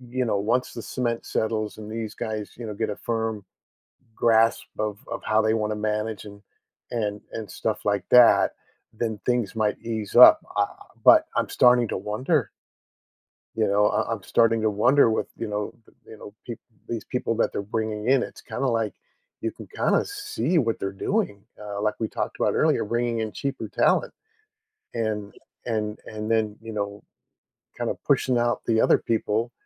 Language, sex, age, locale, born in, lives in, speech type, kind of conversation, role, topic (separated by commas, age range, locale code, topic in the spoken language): English, male, 60-64, en-US, United States, United States, conversation, advice, user, How can I handle overwhelming work deadlines?